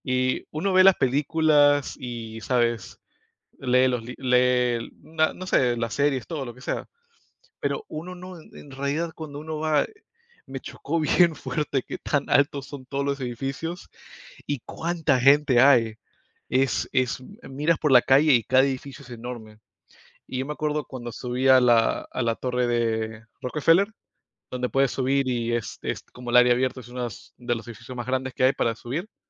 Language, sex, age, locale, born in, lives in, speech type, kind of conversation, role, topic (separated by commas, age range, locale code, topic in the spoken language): Spanish, male, 25-29, es-PE, United States, United States, conversation, unstructured, none, ¿Qué lugar te sorprendió más cuando lo visitaste?